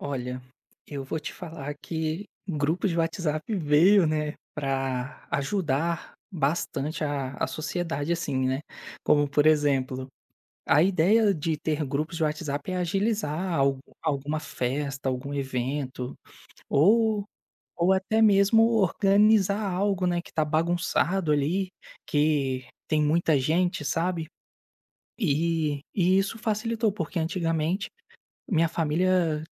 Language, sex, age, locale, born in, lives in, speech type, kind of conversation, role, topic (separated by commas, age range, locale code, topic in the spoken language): Portuguese, male, 25-29, pt-BR, Brazil, Spain, conversation, podcast, guest, O que mais te irrita em grupos de WhatsApp?